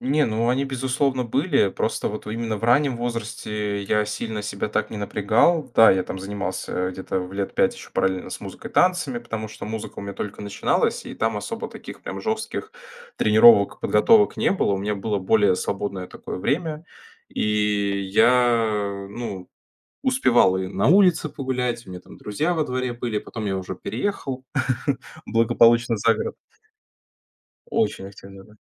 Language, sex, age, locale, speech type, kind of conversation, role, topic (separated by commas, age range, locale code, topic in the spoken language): Russian, male, 20-24, ru-RU, conversation, podcast, guest, Как ты понял(а), что творчество — часть твоей личности?